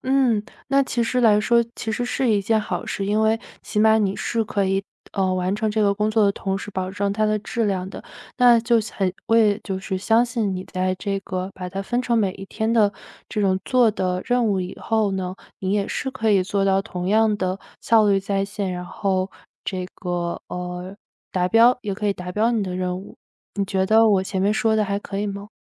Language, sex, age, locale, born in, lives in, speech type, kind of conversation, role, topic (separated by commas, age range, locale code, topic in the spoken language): Chinese, female, 25-29, zh-CN, China, United States, conversation, advice, advisor, 持续性拖延是如何在你的工作和生活中形成压力循环的？